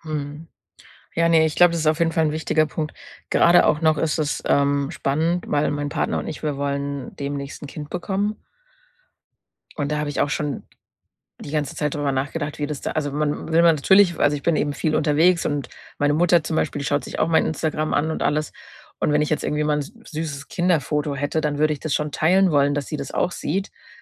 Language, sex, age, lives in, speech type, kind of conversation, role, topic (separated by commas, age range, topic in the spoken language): German, female, 30-34, Germany, conversation, advice, user, Wie finde ich die richtige Grenze zwischen meinem privaten Leben und meinen öffentlichen Beiträgen im Internet?